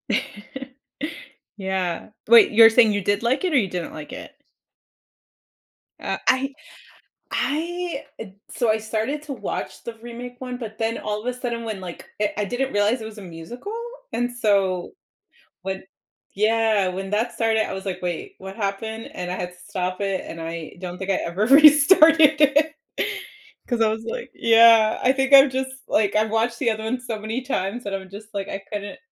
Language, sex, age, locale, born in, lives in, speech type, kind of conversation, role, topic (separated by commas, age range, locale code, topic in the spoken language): English, female, 30-34, en-US, United States, United States, conversation, unstructured, none, How do different types of movies shape our culture and society?